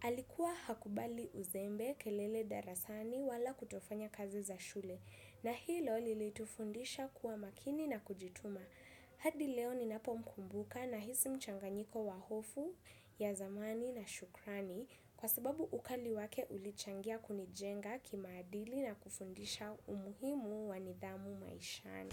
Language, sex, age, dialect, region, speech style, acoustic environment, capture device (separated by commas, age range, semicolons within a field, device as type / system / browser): Swahili, female, 18-24, Kenya - Nairobi Sheng-influenced, Kenya, happy, silence, desktop / Windows / Chrome